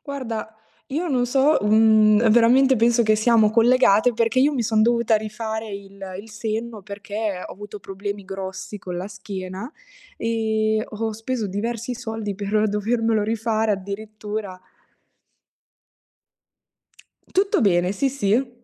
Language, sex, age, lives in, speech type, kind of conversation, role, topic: Italian, female, 20-24, Italy, conversation, unstructured, none, Come affronti la paura di non essere accettato dagli altri?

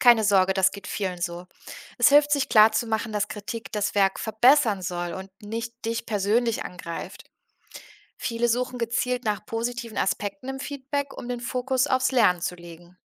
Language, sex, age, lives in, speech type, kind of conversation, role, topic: German, female, 30-34, Germany, read, expert-assistant, expert, Kennst du Plattformen, auf denen man digitale Kunst nicht nur sehen, sondern auch mit anderen diskutieren kann?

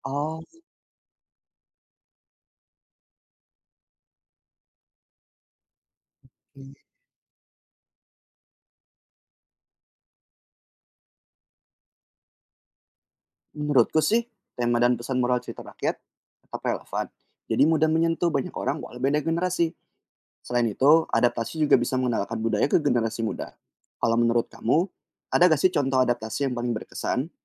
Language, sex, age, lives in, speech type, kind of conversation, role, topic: Indonesian, male, 25-29, Indonesia, read, expert-assistant, asker, Apa maksudnya kalau dikatakan ada 'hubungan keluarga' antara cerita rakyat dari berbagai negara?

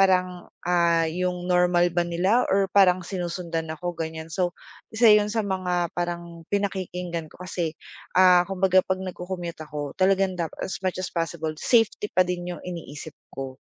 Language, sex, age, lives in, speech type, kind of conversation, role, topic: Filipino, female, 25-29, Philippines, conversation, podcast, guest, Paano mo hinaharap ang mga distraksiyon habang nagsasanay ka ng pagkamalay sa labas?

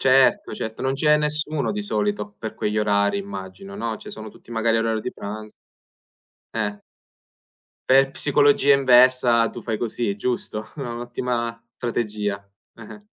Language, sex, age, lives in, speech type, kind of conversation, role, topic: Italian, male, 20-24, Italy, conversation, podcast, host, Qual è il tuo metodo per fare la spesa senza impazzire?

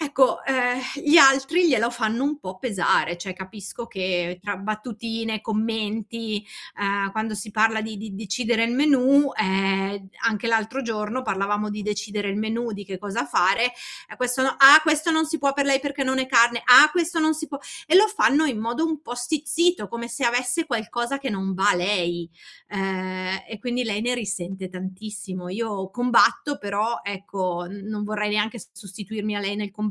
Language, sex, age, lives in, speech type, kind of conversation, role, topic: Italian, female, 40-44, Italy, conversation, advice, user, Come ti senti quando mangi in modo diverso dalla tua famiglia e ti senti escluso?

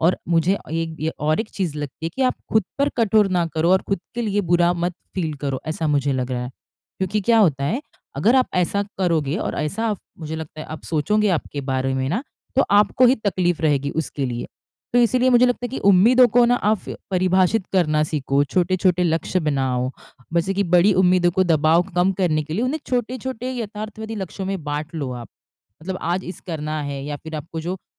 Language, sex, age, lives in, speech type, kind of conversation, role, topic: Hindi, female, 30-34, India, conversation, advice, advisor, मैं टूटे रिश्ते और खोई हुई उम्मीदों का शोक कैसे संभालूँ?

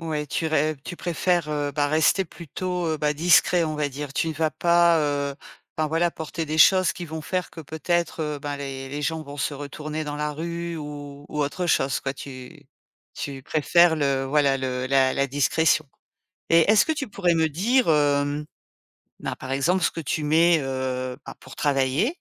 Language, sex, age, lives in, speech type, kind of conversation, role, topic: French, female, 50-54, France, conversation, podcast, host, Comment décrirais-tu ton style personnel ?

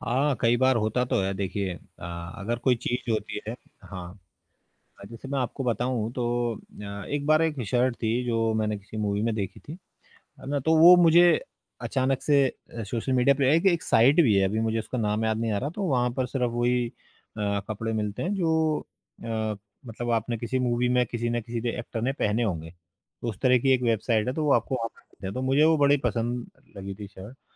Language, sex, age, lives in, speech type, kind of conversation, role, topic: Hindi, male, 35-39, India, conversation, podcast, guest, सोशल मीडिया ने आपके फैशन के फैसलों को कैसे बदला है?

